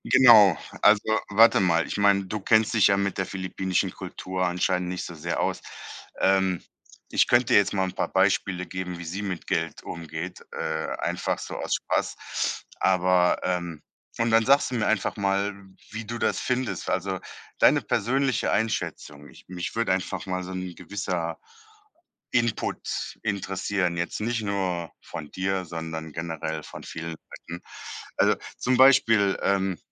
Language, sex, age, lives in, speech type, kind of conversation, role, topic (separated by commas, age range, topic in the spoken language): German, male, 35-39, Germany, conversation, advice, user, Wie finde ich die richtige Balance zwischen meinen Bedürfnissen und meinen Sparzielen?